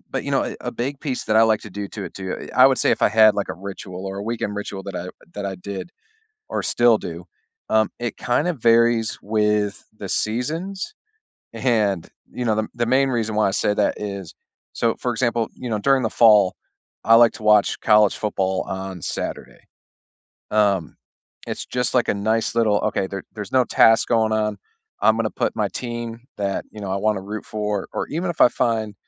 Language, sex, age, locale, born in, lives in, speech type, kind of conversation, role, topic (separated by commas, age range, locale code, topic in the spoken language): English, male, 35-39, en-US, United States, United States, conversation, unstructured, none, Which weekend rituals leave you feeling recharged, and how do they set you up for the week?